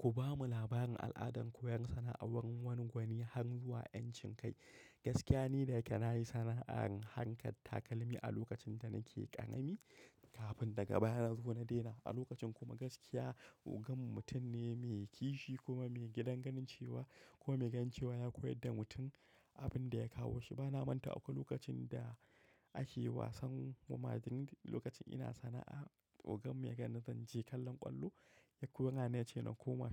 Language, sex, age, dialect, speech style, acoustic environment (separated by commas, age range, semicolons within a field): Hausa, male, 25-34, Nigeria - Kano, happy, nature; silence